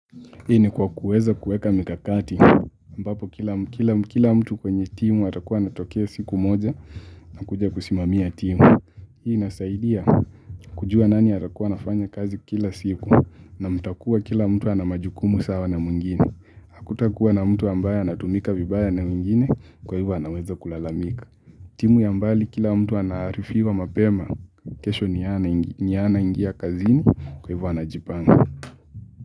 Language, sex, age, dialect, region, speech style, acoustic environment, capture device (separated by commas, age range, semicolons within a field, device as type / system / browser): Swahili, male, 18-24, Kenya - Mombasa, Kenya, focused, silence, mobile / Linux / Chrome